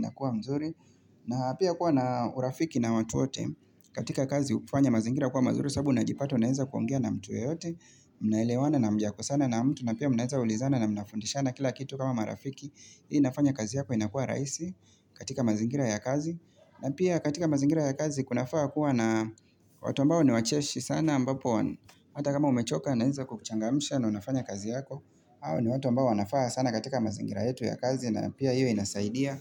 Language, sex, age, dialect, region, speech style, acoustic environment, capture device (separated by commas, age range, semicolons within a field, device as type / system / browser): Swahili, male, 25-34, Kenya - Mombasa, Kenya, relaxed, silence, mobile / Linux / Chrome